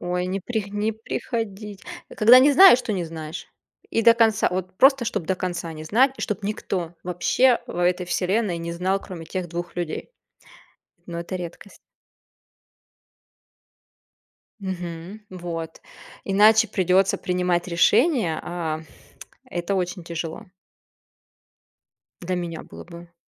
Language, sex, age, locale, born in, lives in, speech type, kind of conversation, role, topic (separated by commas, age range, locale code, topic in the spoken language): Russian, female, 30-34, ru-RU, Russia, Germany, conversation, unstructured, none, Почему люди изменяют в отношениях?